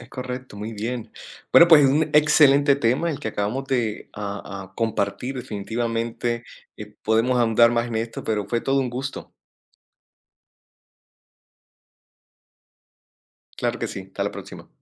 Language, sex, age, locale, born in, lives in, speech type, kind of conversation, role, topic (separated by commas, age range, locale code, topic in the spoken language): Spanish, male, 30-34, es-CO, Colombia, United States, conversation, unstructured, none, ¿Cómo persuades a otras personas para que acepten tus ideas?